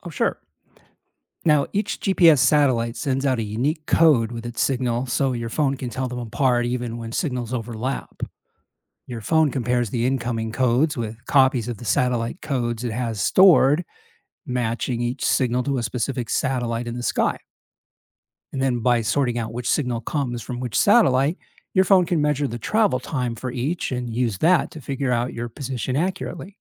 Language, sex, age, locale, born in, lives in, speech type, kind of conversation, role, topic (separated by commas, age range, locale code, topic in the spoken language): English, male, 55-59, en-US, United States, United States, read, expert-assistant, expert, How does GPS determine and track your location and direction?